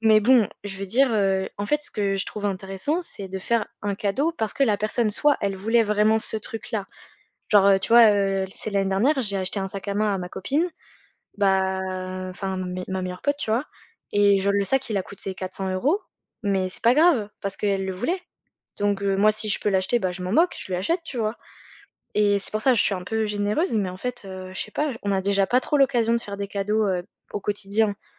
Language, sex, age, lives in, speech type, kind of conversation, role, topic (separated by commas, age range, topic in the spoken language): French, female, 20-24, France, conversation, unstructured, none, Comment montres-tu ton amour au quotidien ?